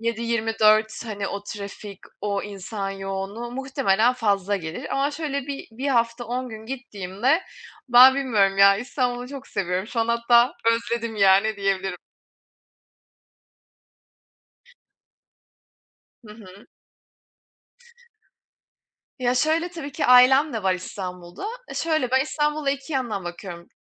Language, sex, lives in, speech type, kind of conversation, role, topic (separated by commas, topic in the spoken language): Turkish, female, Germany, conversation, unstructured, none, Doğa tatilleri mi yoksa şehir gezileri mi daha çok hoşunuza gider?